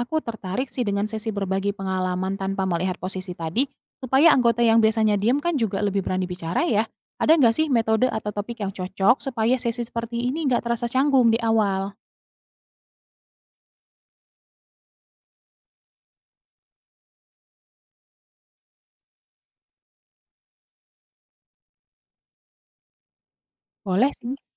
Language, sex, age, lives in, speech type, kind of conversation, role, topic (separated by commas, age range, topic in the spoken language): Indonesian, female, 30-34, Indonesia, read, expert-assistant, asker, Apakah benar bahwa kreativitas hanya dimiliki oleh orang yang berbakat sejak lahir, atau sebenarnya semua orang bisa mengembangkan kreativitasnya?